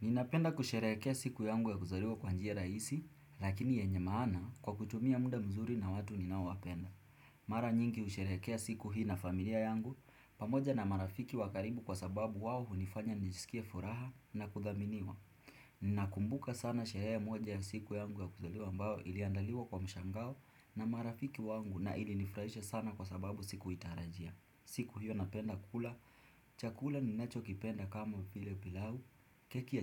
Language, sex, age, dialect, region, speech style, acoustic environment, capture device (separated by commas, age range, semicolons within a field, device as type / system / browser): Swahili, male, 18-24, Kenya - Mombasa, Kenya, happy, silence, desktop / Windows / Chrome